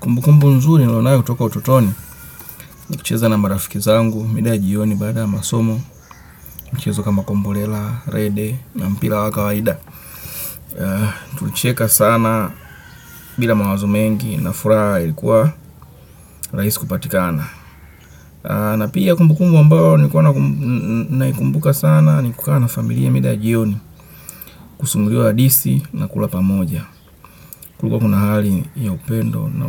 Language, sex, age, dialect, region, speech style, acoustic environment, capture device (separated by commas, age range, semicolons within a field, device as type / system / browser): Swahili, male, 25-34, Kenya - Nairobi Sheng-influenced, Kenya, focused, nature, desktop / Windows / Chrome